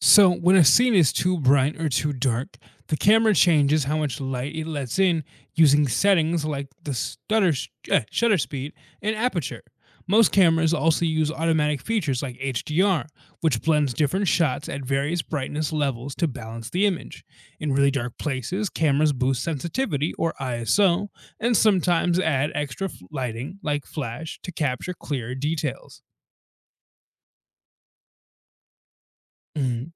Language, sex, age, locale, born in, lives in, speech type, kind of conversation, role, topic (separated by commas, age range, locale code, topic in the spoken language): English, male, 20-24, en-US, United States, United States, read, expert-assistant, expert, How do cameras capture and process images?